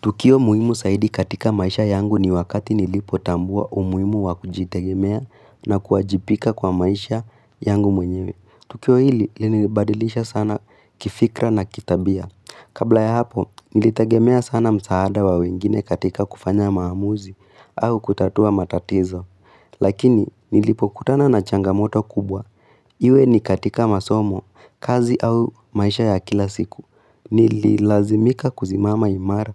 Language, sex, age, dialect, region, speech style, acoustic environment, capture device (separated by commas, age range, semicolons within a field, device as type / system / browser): Swahili, female, 18-24, Kenya - Nairobi Sheng-influenced, Kenya, excited; happy, silence; nature, mobile / Linux / Chrome